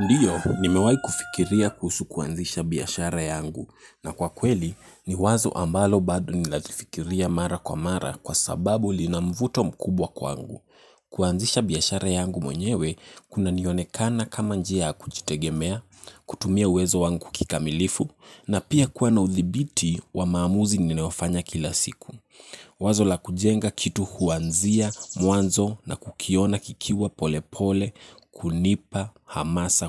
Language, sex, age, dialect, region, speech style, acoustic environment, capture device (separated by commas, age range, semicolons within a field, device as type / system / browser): Swahili, male, 18-24, Kenya - Nairobi Sheng-influenced, Kenya, anxious; focused, silence, mobile / Linux / Chrome